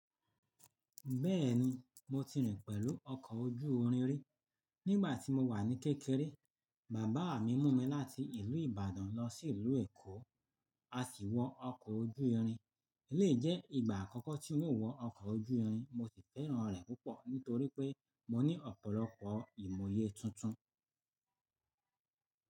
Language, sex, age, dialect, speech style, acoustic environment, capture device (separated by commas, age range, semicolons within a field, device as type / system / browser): Yoruba, male, 45-54, Nigeria - Lagos Yoruba, nervous; focused; relaxed, people talking; nature; silence; appliances, mobile / Linux / Chrome